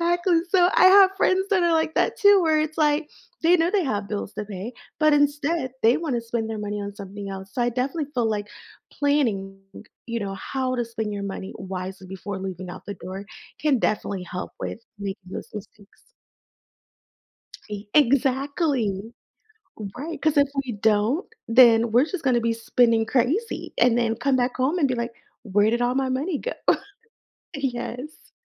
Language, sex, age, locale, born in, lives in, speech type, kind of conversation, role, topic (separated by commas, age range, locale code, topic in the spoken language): English, female, 30-34, en-US, United States, United States, conversation, podcast, guest, What are some habits that can lead to financial problems, and how can we avoid them?